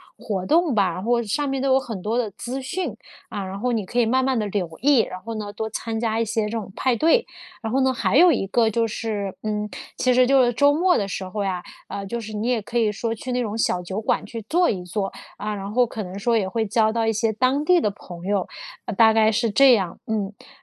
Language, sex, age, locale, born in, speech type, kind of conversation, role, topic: Chinese, female, 30-34, zh-CN, China, conversation, advice, advisor, 我在異地要怎麼找到朋友和支持呢？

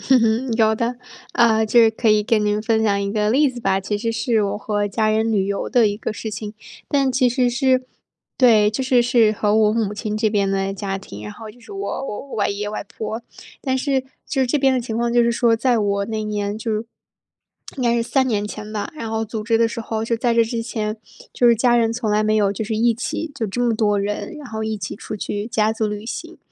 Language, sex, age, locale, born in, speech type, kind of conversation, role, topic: Chinese, female, 25-29, zh-CN, China, conversation, podcast, guest, 你能分享一次意外让你和家人关系变得更亲近的经历吗？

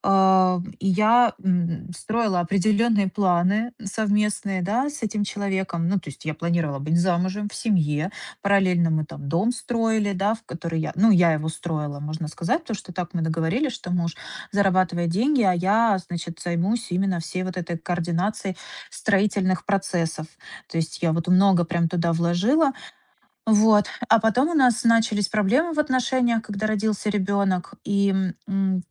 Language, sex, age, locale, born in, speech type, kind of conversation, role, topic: Russian, female, 30-34, ru-RU, Ukraine, conversation, advice, user, Как мне скорректировать жизненные планы после личного кризиса?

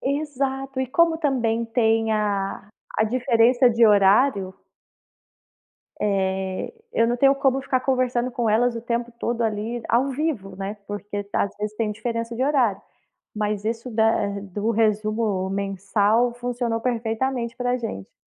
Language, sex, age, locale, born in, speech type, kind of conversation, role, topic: Portuguese, female, 30-34, pt-BR, Brazil, conversation, podcast, guest, Como a internet afetou as suas relações com amigos e família?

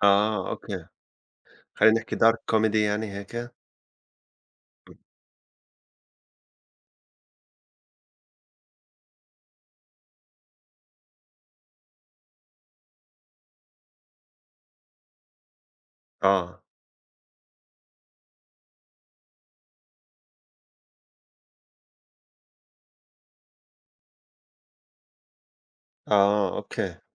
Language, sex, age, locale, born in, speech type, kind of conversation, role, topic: Arabic, male, 40-44, ar-JO, Saudi Arabia, conversation, unstructured, none, إيه اللي بيرفع معنوياتك وبيخليك تحب نفسك أكتر؟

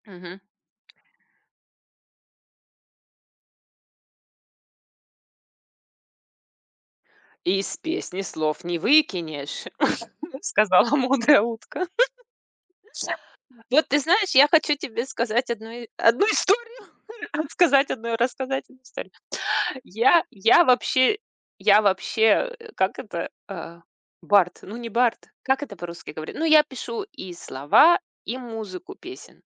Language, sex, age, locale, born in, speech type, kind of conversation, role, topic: Russian, female, 40-44, ru-RU, Russia, conversation, unstructured, none, Что делает хорошую песню запоминающейся?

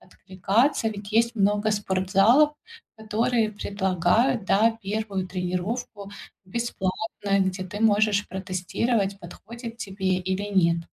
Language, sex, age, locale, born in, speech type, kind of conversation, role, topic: Russian, female, 35-39, ru-RU, Ukraine, conversation, advice, advisor, Как сохранить мотивацию для долгих регулярных занятий спортом?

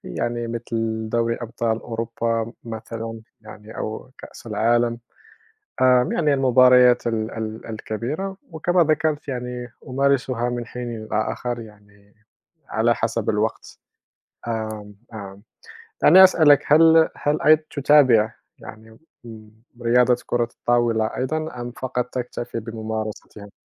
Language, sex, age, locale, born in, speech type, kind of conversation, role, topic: Arabic, male, 30-34, ar-MA, Morocco, conversation, unstructured, none, إيه الرياضة اللي بتحب تتفرّج عليها أو تمارسها؟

